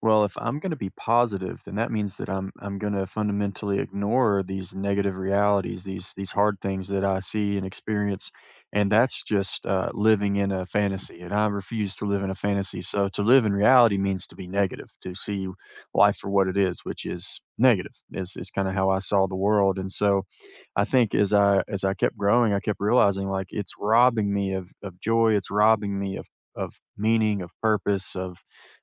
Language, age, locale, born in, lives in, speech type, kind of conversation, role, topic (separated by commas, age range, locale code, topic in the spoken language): English, 30-34, en-US, United States, United States, conversation, unstructured, none, What are you discovering about yourself this year, and how can we support each other?